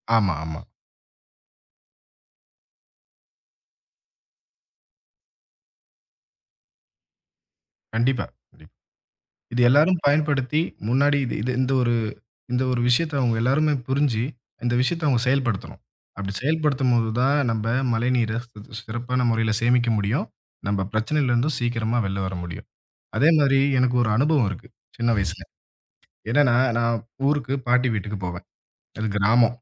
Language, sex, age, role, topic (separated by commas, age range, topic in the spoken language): Tamil, male, 25-29, guest, மழைநீரைச் சேமித்து எளிய முறையில் எப்படிப் பயன்படுத்தலாம்?